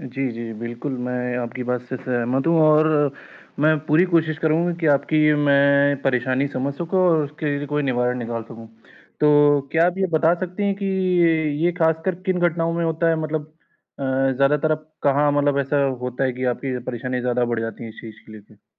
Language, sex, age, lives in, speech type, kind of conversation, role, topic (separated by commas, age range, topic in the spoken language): Hindi, male, 20-24, India, conversation, advice, advisor, मैं दोस्तों के साथ पार्टी और त्योहारों में सामाजिक दबाव और अपेक्षाओं को कैसे संभालूँ?